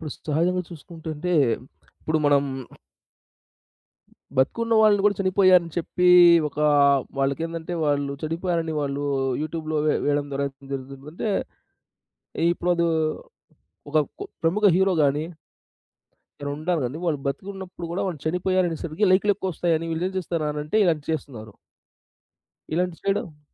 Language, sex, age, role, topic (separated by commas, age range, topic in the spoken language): Telugu, male, 30-34, guest, ఆన్‌లైన్‌లో వచ్చే వార్త నిజమా కాదా అని మీరు ఎలా నిర్ధారించుకుంటారు?